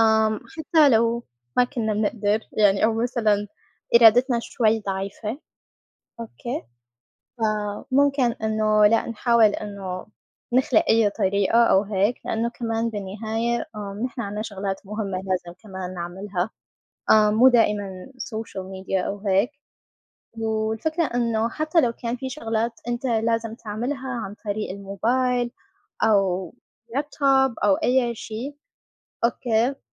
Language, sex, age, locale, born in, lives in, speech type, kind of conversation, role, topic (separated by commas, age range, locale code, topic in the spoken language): Arabic, female, 25-29, ar-SY, Saudi Arabia, United States, conversation, unstructured, none, إيه رأيك في تأثير الموبايل على تركيزنا اليومي؟